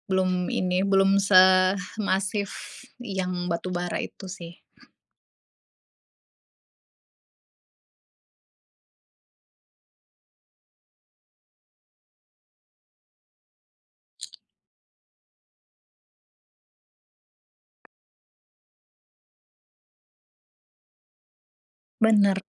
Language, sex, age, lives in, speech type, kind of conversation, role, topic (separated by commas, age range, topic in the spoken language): Indonesian, female, 25-29, Indonesia, conversation, unstructured, none, Bagaimana pendapatmu tentang penggunaan kendaraan listrik?